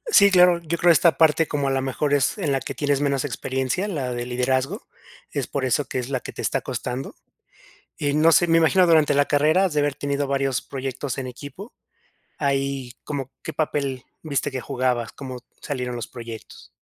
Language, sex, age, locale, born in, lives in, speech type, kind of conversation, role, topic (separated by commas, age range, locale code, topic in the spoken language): Spanish, male, 30-34, es-MX, Mexico, Mexico, conversation, advice, advisor, ¿Cómo puedo manejar el síndrome del impostor para aceptar nuevas responsabilidades?